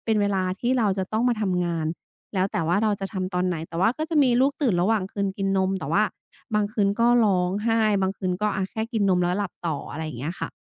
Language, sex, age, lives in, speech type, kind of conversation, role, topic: Thai, female, 35-39, Thailand, conversation, advice, user, มีวิธีจัดสรรเวลาให้สมดุลระหว่างงานกับการดูแลตัวเองอย่างไร?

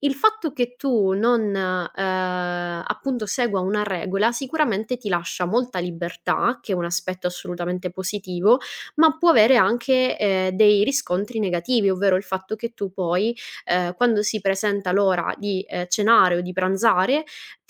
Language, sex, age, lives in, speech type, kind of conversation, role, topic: Italian, female, 25-29, Italy, conversation, advice, advisor, Come posso ritrovare la motivazione per cucinare pasti sani ogni giorno?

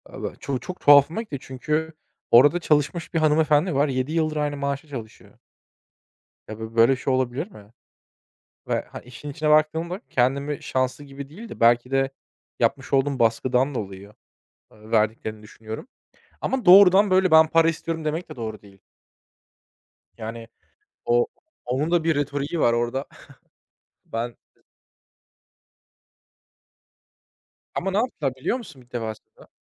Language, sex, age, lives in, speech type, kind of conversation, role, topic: Turkish, male, 25-29, Germany, conversation, unstructured, none, İş yerinde daha yüksek maaş talebini nasıl dile getirirsin?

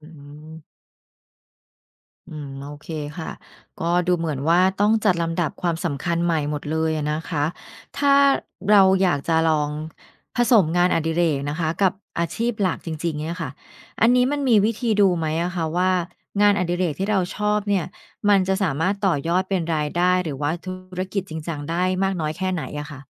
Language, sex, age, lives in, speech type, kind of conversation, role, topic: Thai, female, 45-49, Thailand, read, expert-assistant, asker, ถ้าอยากเปลี่ยนงานมาทำคาเฟ่หรือขนมควรเริ่มยังไงดี?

